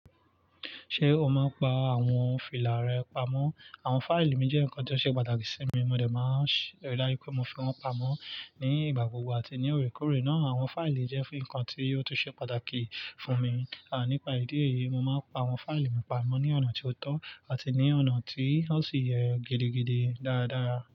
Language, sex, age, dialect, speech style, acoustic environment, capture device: Yoruba, male, 25-34, Nigeria - Nigerian Standard, relaxed, nature, mobile / Linux / Chrome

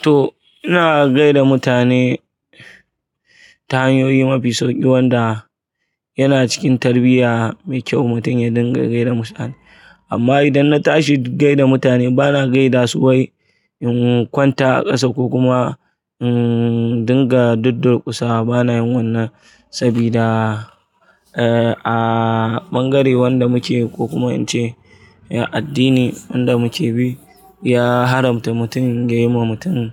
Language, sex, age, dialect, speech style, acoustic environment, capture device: Hausa, male, 25-34, Nigeria - Kano, nervous, people talking; traffic; appliances, mobile / Linux / Chrome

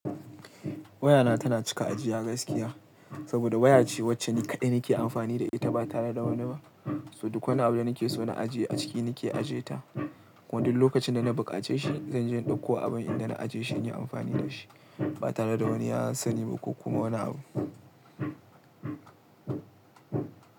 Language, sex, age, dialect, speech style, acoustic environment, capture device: Hausa, male, 18-24, Nigeria - Kano, relaxed, nature; appliances, mobile / Linux / Chrome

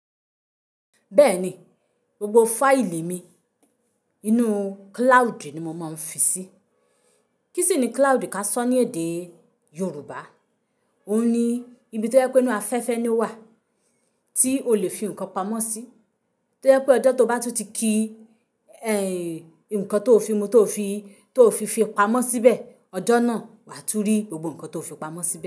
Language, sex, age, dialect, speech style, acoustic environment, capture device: Yoruba, female, 25-34, Nigeria - Ibadan, relaxed; happy; focused; excited, silence, mobile / Linux / Chrome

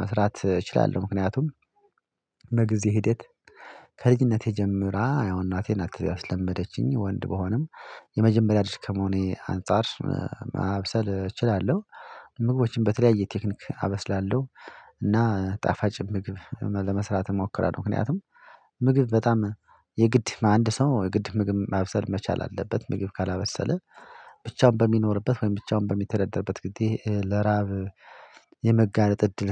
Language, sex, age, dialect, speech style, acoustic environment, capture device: Amharic, male, 25-34, Ethiopia - Addis Ababa, happy; anxious; tired; relaxed; nervous; focused; excited; stressed, people talking; music; nature; silence; traffic; construction; appliances, mobile / Linux / Chrome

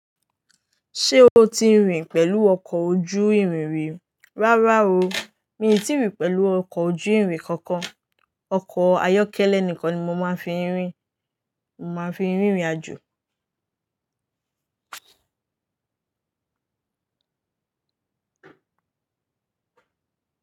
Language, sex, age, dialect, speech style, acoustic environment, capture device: Yoruba, female, 18-24, Nigeria - Lagos Yoruba, happy, nature, mobile / Linux / Chrome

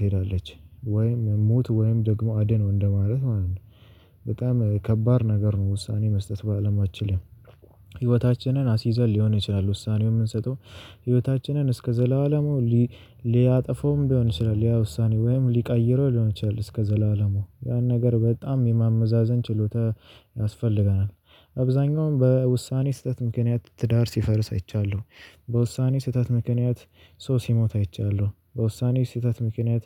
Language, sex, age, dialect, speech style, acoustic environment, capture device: Amharic, male, 18-24, Ethiopia - Addis Ababa, nervous; excited; focused, people talking; traffic; construction; silence, mobile / Linux / Chrome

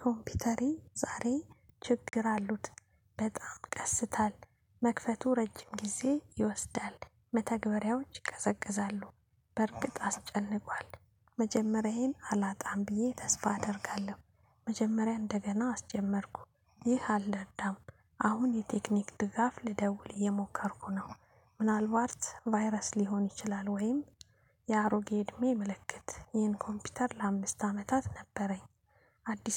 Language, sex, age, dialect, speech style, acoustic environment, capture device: Amharic, female, 35-44, Ethiopia - Addis Ababa, happy, silence, mobile / Linux / Chrome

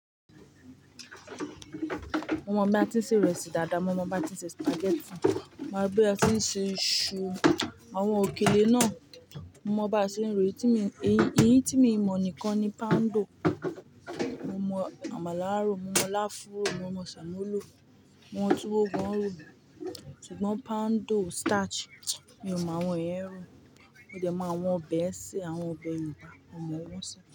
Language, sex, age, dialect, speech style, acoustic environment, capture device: Yoruba, female, 25-34, Nigeria - Ibadan, happy, silence, mobile / Linux / Chrome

